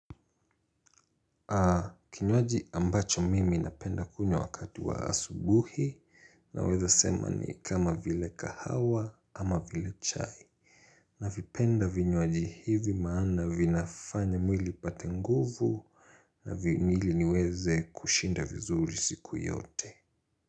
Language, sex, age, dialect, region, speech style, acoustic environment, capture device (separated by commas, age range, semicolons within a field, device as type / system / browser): Swahili, male, 25-34, Kenya - Mombasa, Kenya, focused, silence, mobile / Linux / Chrome